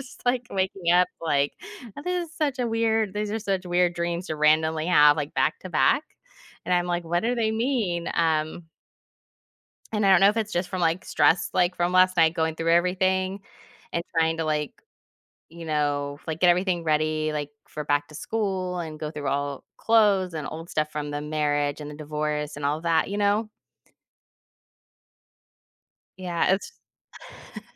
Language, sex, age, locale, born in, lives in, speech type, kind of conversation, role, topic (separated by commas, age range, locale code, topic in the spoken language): English, female, 40-44, en-US, United States, United States, conversation, advice, user, How can I manage daily responsibilities causing overwhelming stress?